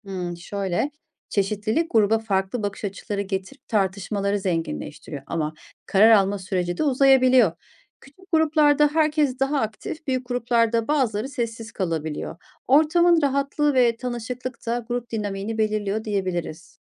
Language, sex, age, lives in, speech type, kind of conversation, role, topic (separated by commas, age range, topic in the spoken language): Turkish, female, 40-44, Germany, read, expert-assistant, expert, İnsanların neden belirli şekilde davrandığını öğrenmek için sizce en keyifli bilgi kaynakları neler olabilir?